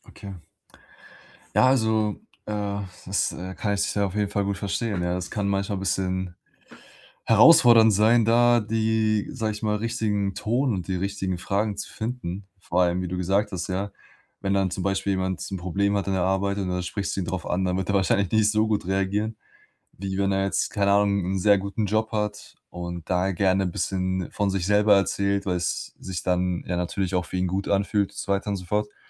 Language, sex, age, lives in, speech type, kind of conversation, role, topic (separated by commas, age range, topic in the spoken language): German, male, 20-24, Germany, conversation, advice, advisor, Wie kann ich Gespräche vertiefen, ohne Druck zu machen?